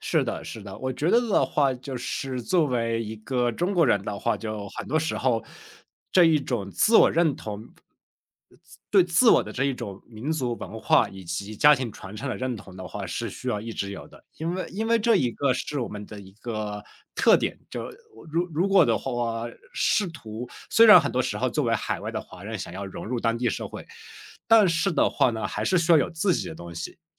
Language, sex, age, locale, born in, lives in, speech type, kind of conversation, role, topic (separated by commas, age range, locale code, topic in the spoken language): Chinese, male, 25-29, zh-CN, China, United States, conversation, podcast, guest, 你家里有没有代代相传的拿手菜可以和大家分享？